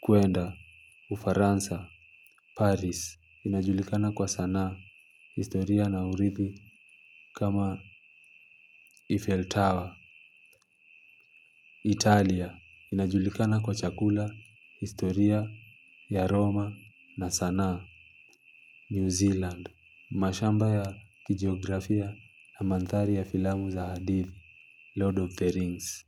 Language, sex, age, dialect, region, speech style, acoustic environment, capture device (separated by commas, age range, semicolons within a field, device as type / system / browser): Swahili, male, 25-34, Kenya - Mombasa, Kenya, relaxed; focused, nature; silence, mobile / Linux / Chrome